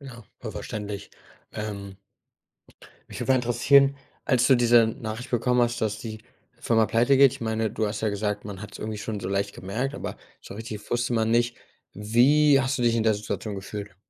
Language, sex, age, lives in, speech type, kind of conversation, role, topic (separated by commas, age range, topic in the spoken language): German, male, 25-29, Germany, conversation, podcast, host, Wie bist du deinen letzten Jobwechsel angegangen?